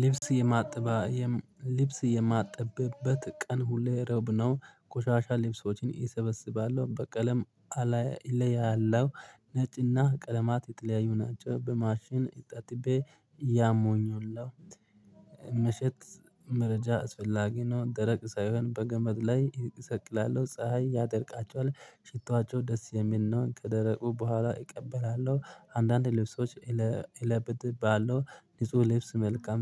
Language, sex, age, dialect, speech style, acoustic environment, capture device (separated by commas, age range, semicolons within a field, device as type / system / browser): Amharic, male, 25-34, Ethiopia - Addis Ababa, happy, people talking; traffic; music, mobile / Linux / Chrome